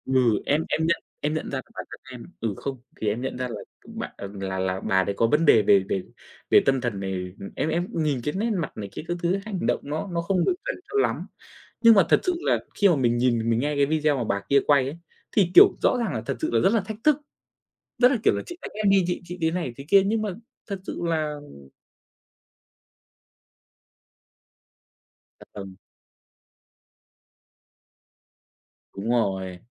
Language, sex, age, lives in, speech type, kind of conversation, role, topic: Vietnamese, male, 25-29, Vietnam, conversation, unstructured, none, Bạn có lo rằng tin giả sẽ phá hoại xã hội trong tương lai không?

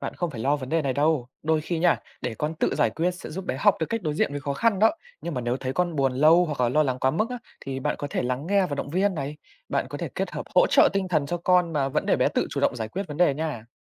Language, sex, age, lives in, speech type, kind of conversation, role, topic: Vietnamese, male, 20-24, Vietnam, read, expert-assistant, expert, Vì sao một số người cho rằng trẻ em phát triển tính cách từ gia đình, còn người khác lại nghĩ bạn bè mới quan trọng hơn?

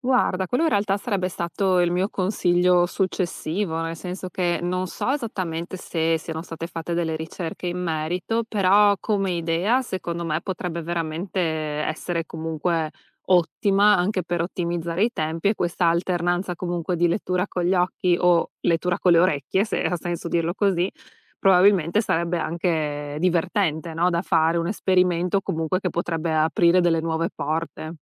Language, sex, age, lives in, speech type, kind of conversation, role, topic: Italian, female, 35-39, United States, conversation, advice, advisor, Come posso riuscire a leggere ogni giorno anche quando ho una giornata piena?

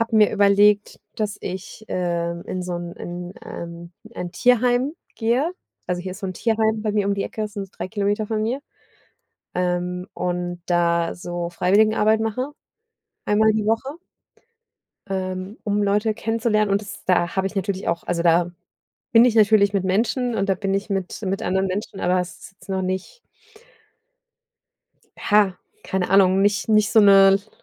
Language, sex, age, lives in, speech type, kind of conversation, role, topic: German, female, 40-44, Germany, conversation, advice, user, Habe ich die richtige Entscheidung getroffen, umzuziehen?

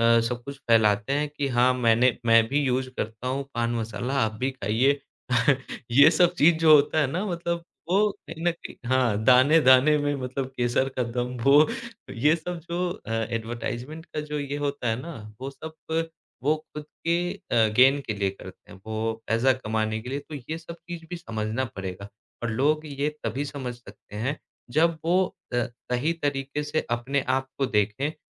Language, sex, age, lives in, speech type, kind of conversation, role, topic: Hindi, male, 25-29, India, conversation, podcast, guest, Social media ne tumhari style kaise badli, aur is change ke baare mein tumhe kya lagta hai?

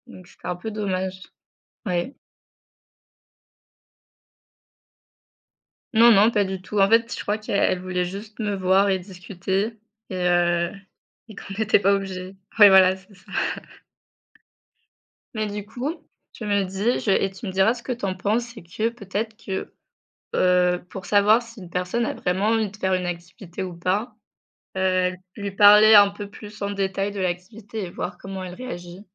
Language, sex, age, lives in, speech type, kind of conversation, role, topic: French, female, 25-29, France, conversation, unstructured, none, Est-il juste d’imposer ses goûts en matière de loisirs à ses proches ?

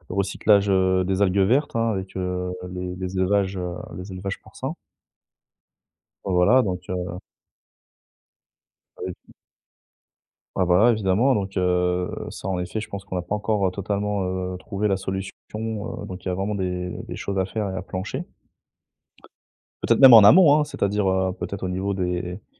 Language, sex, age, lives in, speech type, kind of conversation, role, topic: French, male, 30-34, France, conversation, advice, advisor, Comment faire en sorte que mes actions reflètent réellement mes valeurs au quotidien ?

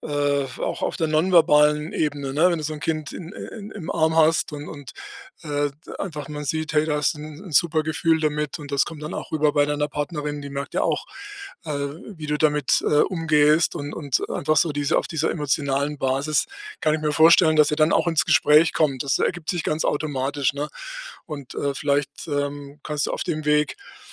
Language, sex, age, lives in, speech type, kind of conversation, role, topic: German, male, 60-64, Germany, conversation, advice, advisor, Wie gehe ich damit um, dass Gleichaltrige andere Lebensziele haben als ich?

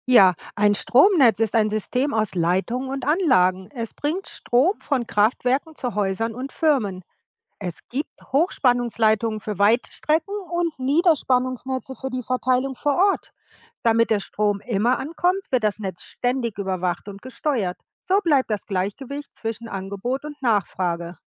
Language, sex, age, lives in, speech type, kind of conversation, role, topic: German, female, 55-59, United States, read, expert-assistant, expert, Was ist ein Stromnetz?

